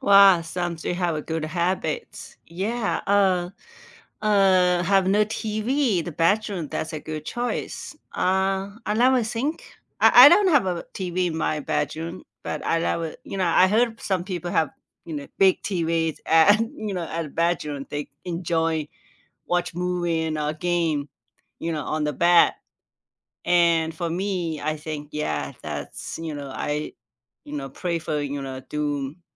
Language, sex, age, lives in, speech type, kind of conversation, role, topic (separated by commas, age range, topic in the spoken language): English, female, 45-49, United States, conversation, unstructured, none, What sleep routines actually work for you, and which bedtime rituals help you feel rested and calm?